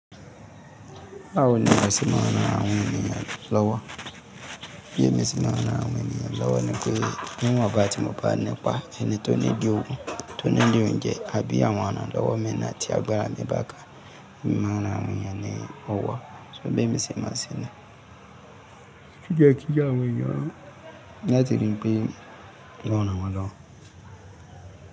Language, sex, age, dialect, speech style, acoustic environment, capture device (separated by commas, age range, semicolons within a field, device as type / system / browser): Yoruba, male, 35-44, Nigeria - Lagos Yoruba, happy; excited, people talking; music, mobile / Linux / Chrome